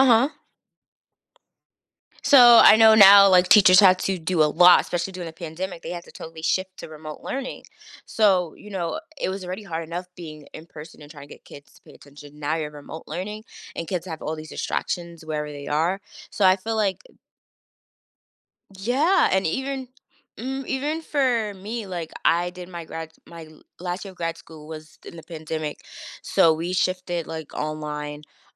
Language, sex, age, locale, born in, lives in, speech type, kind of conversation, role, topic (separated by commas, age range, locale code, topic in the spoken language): English, female, 30-34, en-US, United States, United States, conversation, podcast, guest, How do teachers make a lasting impact on their students' lives?